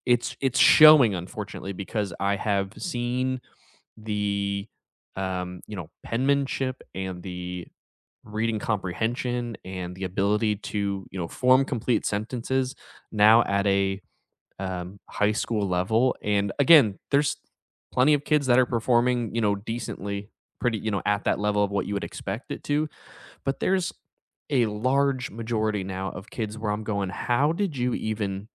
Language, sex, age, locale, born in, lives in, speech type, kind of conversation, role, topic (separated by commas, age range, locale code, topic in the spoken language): English, male, 30-34, en-US, United States, United States, conversation, unstructured, none, How has your approach to learning changed lately, and what experiences or people sparked those shifts?